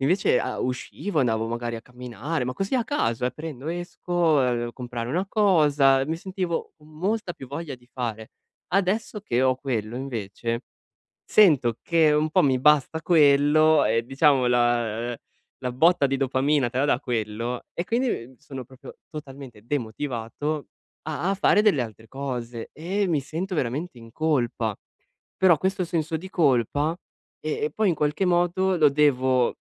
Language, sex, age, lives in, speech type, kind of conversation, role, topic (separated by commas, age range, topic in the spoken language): Italian, male, 25-29, Italy, conversation, advice, user, Come posso interrompere le reazioni automatiche che mi fanno sentire peggio?